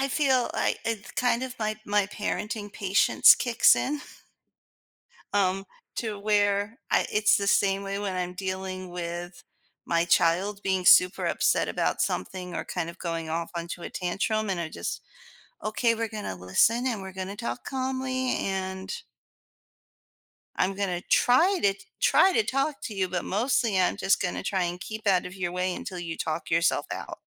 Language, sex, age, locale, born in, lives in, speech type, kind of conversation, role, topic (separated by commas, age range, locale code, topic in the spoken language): English, female, 40-44, en-US, United States, United States, conversation, advice, user, How can I navigate a difficult conversation with a friend?